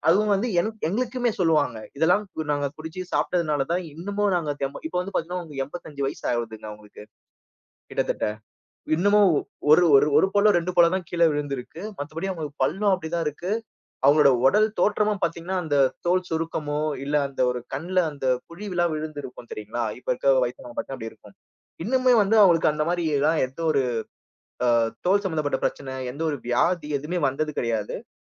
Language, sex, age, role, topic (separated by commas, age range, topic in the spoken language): Tamil, male, 30-34, guest, பாரம்பரியத்தையும் நவீனத்தையும் நீங்கள் எப்படிச் சமநிலைப்படுத்துகிறீர்கள்?